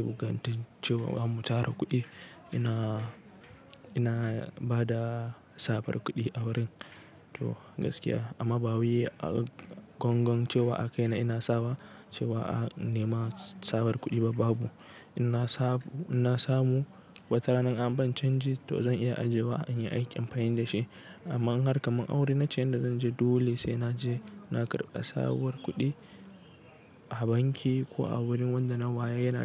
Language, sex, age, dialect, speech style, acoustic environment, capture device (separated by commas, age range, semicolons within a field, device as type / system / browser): Hausa, male, 18-24, Nigeria - Nigerian Standard, relaxed, nature; construction, desktop / Linux / Chrome